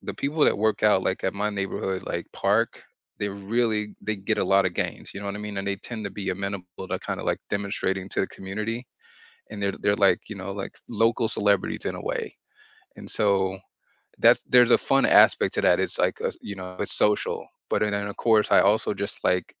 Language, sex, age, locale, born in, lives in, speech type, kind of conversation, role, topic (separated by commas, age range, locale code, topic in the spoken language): English, male, 40-44, en-US, United States, United States, conversation, unstructured, none, How do you keep exercise fun and exciting?